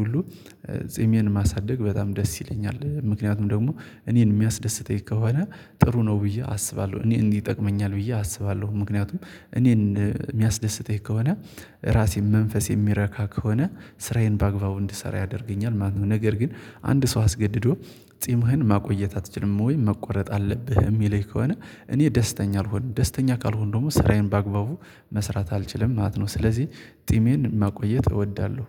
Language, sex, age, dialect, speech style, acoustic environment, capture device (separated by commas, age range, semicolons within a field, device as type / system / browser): Amharic, male, 18-24, Ethiopia - Gojjam, focused, silence, mobile / Linux / Chrome